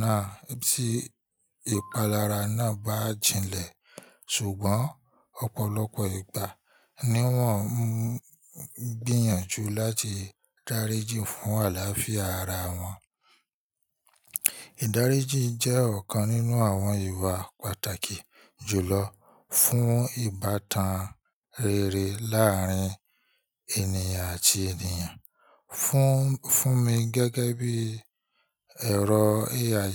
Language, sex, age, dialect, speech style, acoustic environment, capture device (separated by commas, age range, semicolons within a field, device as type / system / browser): Yoruba, male, 25-34, Nigeria - Ibadan, tired, nature; people talking, mobile / Linux / Chrome